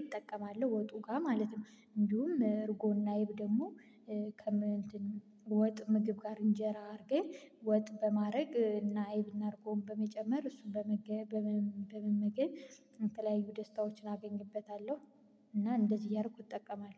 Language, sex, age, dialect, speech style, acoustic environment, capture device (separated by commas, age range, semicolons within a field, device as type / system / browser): Amharic, female, 25-34, Ethiopia - Gojjam, excited, silence, mobile / Linux / Chrome